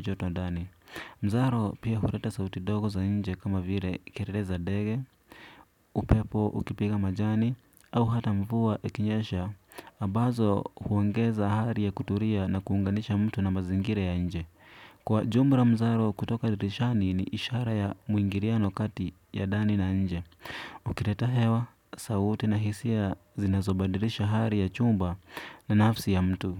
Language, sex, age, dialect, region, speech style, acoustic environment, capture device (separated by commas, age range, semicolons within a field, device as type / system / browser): Swahili, male, 25-34, Kenya - Nairobi Sheng-influenced, Kenya, relaxed; focused, silence, desktop / Windows / Chrome